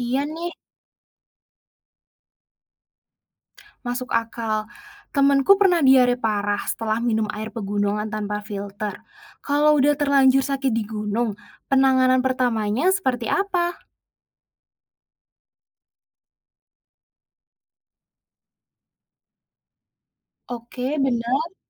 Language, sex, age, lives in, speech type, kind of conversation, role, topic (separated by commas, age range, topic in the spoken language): Indonesian, female, 20-24, Indonesia, read, expert-assistant, asker, Benarkah tidak perlu membawa alat filter air saat mendaki di pegunungan Indonesia karena sumber air pegunungan dianggap selalu bersih? Apa fakta sebenarnya dan risiko yang mungkin terjadi?